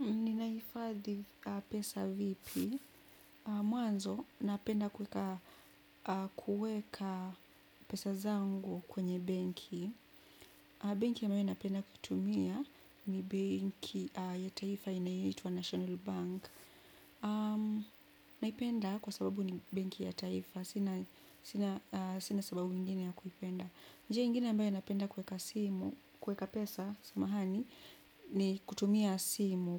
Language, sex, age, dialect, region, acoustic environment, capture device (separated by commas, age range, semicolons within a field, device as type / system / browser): Swahili, female, 25-34, Kenya - Nairobi Sheng-influenced, Kenya, appliances, desktop / Windows / Chrome